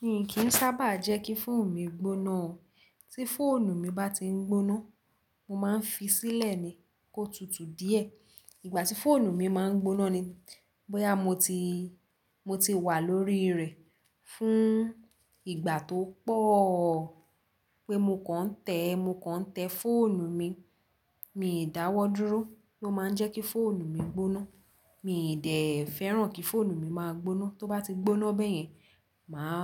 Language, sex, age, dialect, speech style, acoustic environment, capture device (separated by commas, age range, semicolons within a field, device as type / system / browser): Yoruba, female, 18-24, Nigeria - Lagos Yoruba, relaxed; focused, nature, mobile / Linux / Chrome